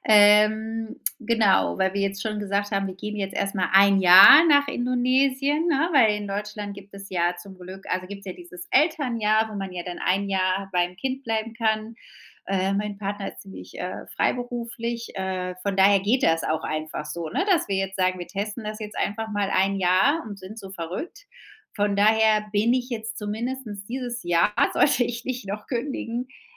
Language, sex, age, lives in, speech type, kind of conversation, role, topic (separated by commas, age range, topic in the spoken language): German, female, 35-39, Spain, conversation, advice, user, Wie bereite ich wichtige Dokumente für einen Umzug ins Ausland richtig vor, einschließlich Beglaubigung, Übersetzung und sicherer Aufbewahrung?